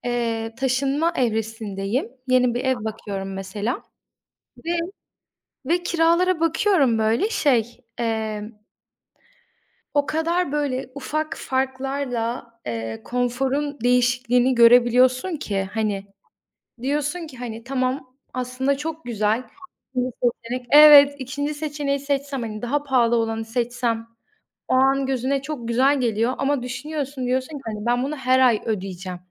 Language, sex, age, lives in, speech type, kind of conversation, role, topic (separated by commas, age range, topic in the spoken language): Turkish, female, 20-24, Poland, conversation, unstructured, none, Para ile mutluluk arasında nasıl bir ilişki var?